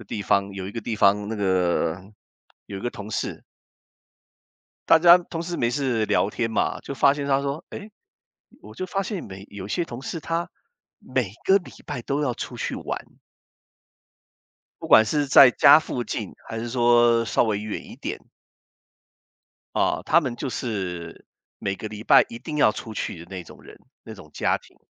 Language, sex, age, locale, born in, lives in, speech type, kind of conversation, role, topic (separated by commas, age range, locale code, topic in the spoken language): Chinese, male, 55-59, zh-CN, Taiwan, United States, conversation, unstructured, none, 你如何区分一笔开销是“需要”还是“想要”？